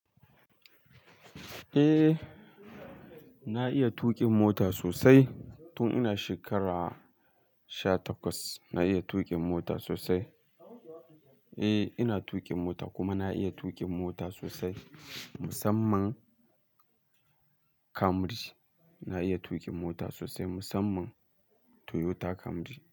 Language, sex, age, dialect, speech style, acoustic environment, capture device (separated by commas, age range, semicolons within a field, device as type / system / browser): Hausa, male, 18-24, Nigeria - Kano, focused, nature, mobile / Linux / Chrome